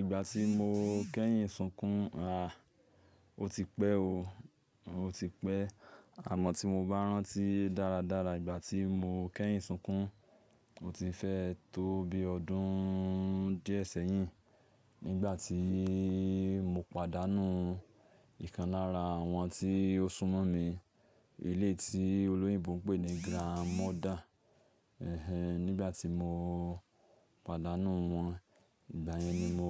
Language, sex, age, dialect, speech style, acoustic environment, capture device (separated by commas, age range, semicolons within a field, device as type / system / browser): Yoruba, male, 25-34, Nigeria - Lagos Yoruba, happy, silence, mobile / Linux / Chrome